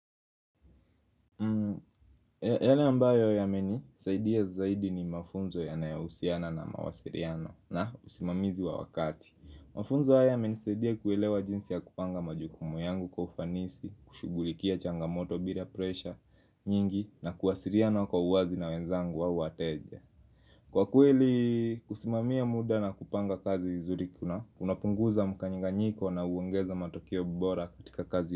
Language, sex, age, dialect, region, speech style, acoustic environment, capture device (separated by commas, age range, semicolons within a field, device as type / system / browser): Swahili, male, 25-34, Kenya - Nairobi Sheng-influenced, Kenya, happy; focused, music; silence, mobile / Linux / Chrome